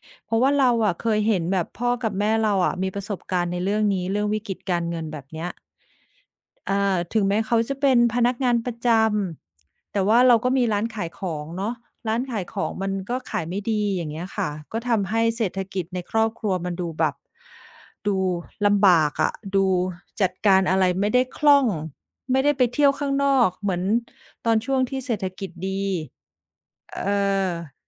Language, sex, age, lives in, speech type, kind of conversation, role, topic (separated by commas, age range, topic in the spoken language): Thai, female, 45-49, Thailand, conversation, unstructured, none, คุณกลัวไหมหากเกิดวิกฤตทางการเงินขึ้น?